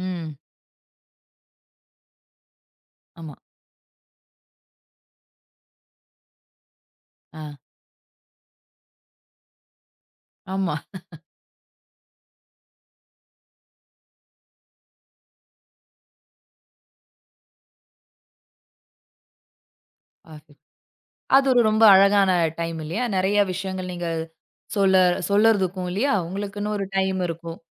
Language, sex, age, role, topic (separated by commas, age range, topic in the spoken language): Tamil, female, 35-39, host, காலையில் சிறிது நேரத்தில் மனதைத் தெளிவாக்க நீங்கள் என்ன செய்கிறீர்கள்?